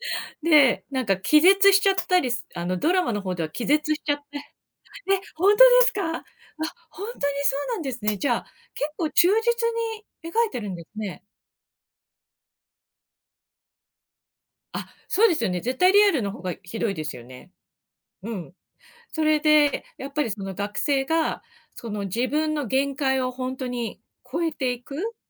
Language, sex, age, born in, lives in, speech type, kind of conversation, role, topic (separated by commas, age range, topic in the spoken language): Japanese, female, 50-54, Japan, Japan, conversation, unstructured, none, 最近見たテレビ番組で、特に印象に残っているものは何ですか？